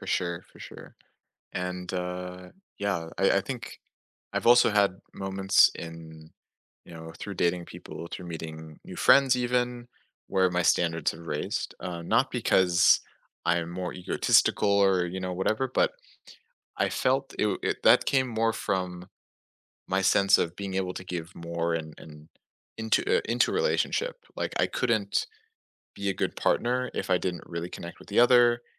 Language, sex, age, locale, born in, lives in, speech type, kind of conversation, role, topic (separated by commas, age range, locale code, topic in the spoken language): English, male, 20-24, en-US, United States, United States, conversation, unstructured, none, What’s a moment that changed your perspective on life?